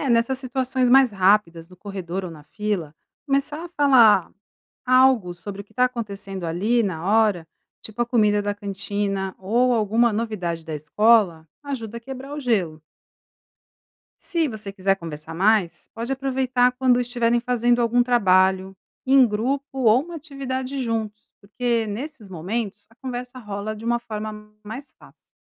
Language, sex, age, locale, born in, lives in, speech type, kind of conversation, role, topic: Portuguese, female, 45-49, pt-BR, Brazil, Italy, read, expert-assistant, expert, Como fazer amigos em um ambiente novo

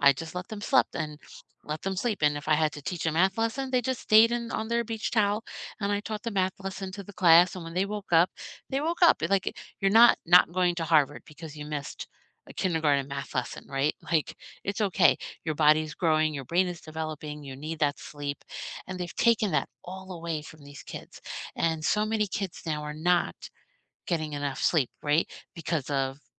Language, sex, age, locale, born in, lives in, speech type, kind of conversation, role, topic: English, female, 50-54, en-US, United States, United States, conversation, unstructured, none, What changes would you make if your school schedule were redesigned?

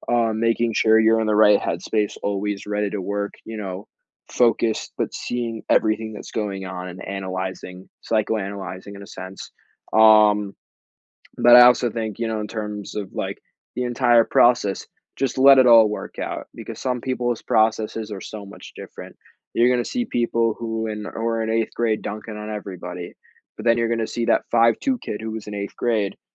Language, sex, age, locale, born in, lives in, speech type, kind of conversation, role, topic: English, male, 18-19, en-US, United States, United States, conversation, podcast, guest, In what ways can being active in sports support emotional well-being and resilience?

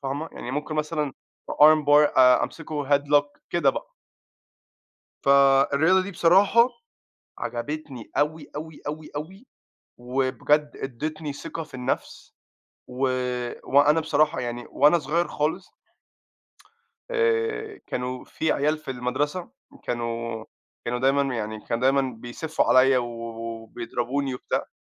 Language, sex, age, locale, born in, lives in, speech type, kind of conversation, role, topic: Arabic, male, 20-24, ar-EG, Egypt, United States, conversation, unstructured, none, إزاي ممكن نشجّع الأطفال يمارسوا رياضة؟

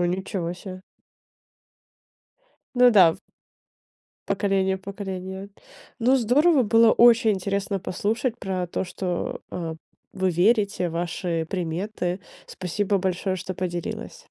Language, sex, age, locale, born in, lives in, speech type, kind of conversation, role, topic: Russian, female, 25-29, ru-RU, Ukraine, United States, conversation, podcast, host, Какие приметы из детства вы до сих пор соблюдаете?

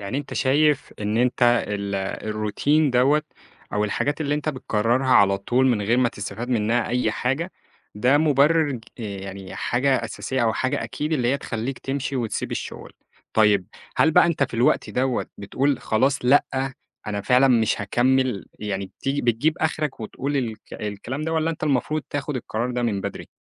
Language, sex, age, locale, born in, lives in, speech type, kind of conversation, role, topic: Arabic, male, 25-29, ar-EG, Egypt, Egypt, conversation, podcast, host, إمتى تقرر تسيب شغلك وتدور على فرصة تانية، وإمتى تكمّل فيه؟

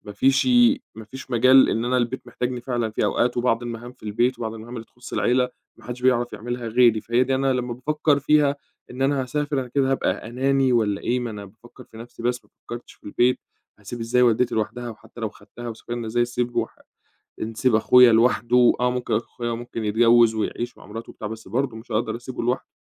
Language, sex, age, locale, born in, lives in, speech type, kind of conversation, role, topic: Arabic, male, 25-29, ar-EG, Egypt, Egypt, conversation, advice, user, إزاي أبطل أتردد بين هدفين مهمين ومش عارف أختار؟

